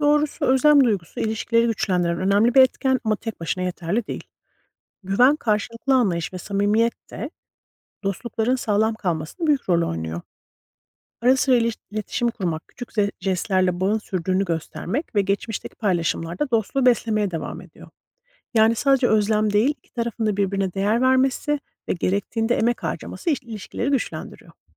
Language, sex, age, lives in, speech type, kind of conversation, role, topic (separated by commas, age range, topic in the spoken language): Turkish, female, 40-44, United States, read, expert-assistant, expert, Gerçek dostluk mesafe ve uzun sessizliklerle sınandığında kopar mı?